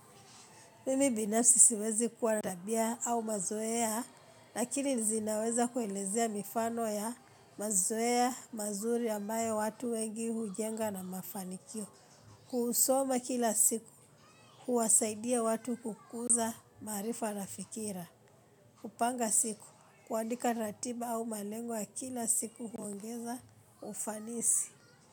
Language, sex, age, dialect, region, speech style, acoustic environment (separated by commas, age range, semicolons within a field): Swahili, female, 25-34, Kenya - Nairobi Sheng-influenced, Kenya, happy; relaxed; excited; focused, people talking